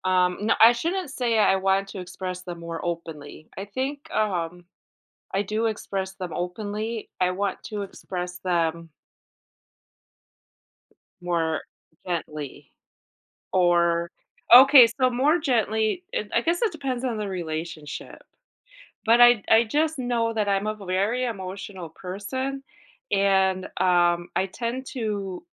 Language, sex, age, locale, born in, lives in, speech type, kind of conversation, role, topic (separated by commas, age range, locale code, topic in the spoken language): English, female, 45-49, en-US, United States, United States, conversation, advice, user, How can I express my emotions more openly?